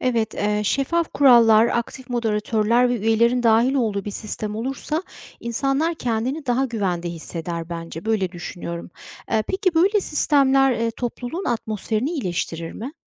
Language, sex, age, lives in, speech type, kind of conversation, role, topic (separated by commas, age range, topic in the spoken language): Turkish, female, 45-49, United States, read, expert-assistant, asker, Dijital topluluklarda anonimlik ve moderasyon güveni ve dayanışmayı nasıl etkiler?